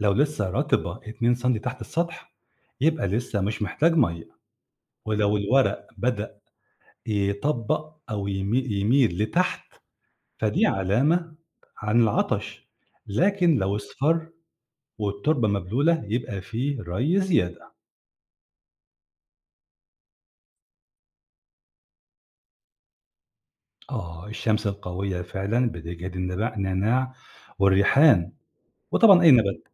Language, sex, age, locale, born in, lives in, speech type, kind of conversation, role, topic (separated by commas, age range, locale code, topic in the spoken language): Arabic, male, 45-49, ar-EG, Egypt, Egypt, read, expert-assistant, expert, ليه النباتات اللي عندي في البلكونة بتدبل بسرعة وبتبطل تنمو؟ إيه الأسباب البيئية اللي ممكن تكون بتأثر عليها؟